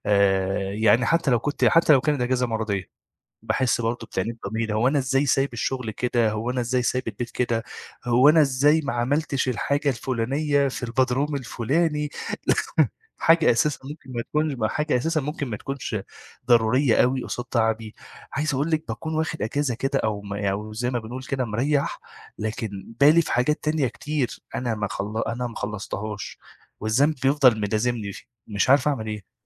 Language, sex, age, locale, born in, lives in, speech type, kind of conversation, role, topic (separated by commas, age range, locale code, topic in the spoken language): Arabic, male, 25-29, ar-EG, Egypt, Egypt, conversation, advice, user, إزاي بتوصف إحساسك بالذنب لما تاخد إجازة عشان تتعافى؟